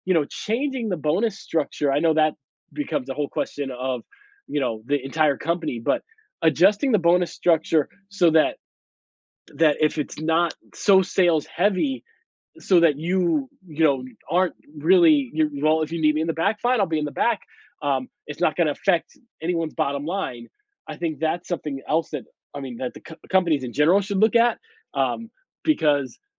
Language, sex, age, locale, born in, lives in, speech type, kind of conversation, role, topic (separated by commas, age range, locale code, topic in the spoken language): English, male, 40-44, en-US, United States, United States, conversation, advice, advisor, How can I get my coworkers and managers to recognize my contributions at work?